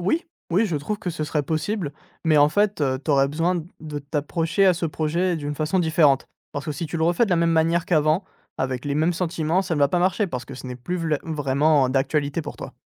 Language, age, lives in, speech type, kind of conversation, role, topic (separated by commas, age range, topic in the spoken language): French, 20-24, France, conversation, advice, advisor, Incapacité à commencer un projet artistique important